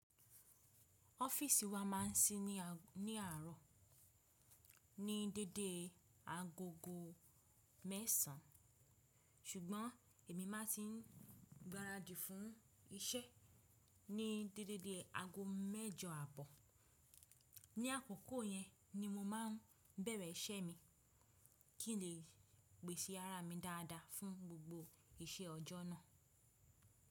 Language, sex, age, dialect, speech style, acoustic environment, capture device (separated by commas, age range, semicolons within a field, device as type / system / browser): Yoruba, female, 25-34, Nigeria - Ibadan, relaxed, silence, desktop / Windows / Chrome